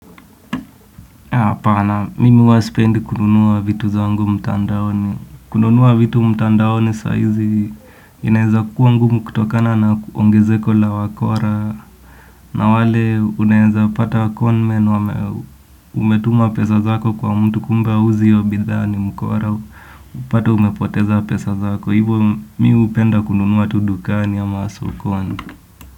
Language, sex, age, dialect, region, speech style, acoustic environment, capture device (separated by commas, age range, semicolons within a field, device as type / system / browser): Swahili, male, 18-24, Kenya - Nairobi Sheng-influenced, Kenya, relaxed, silence, desktop / Windows / Edge